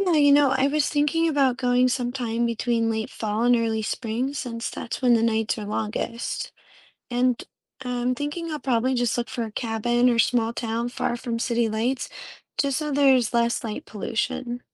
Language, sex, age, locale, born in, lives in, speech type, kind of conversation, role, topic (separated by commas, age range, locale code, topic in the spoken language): English, female, 35-39, en-US, United States, United States, read, expert-assistant, asker, What causes the Northern Lights to appear in the sky?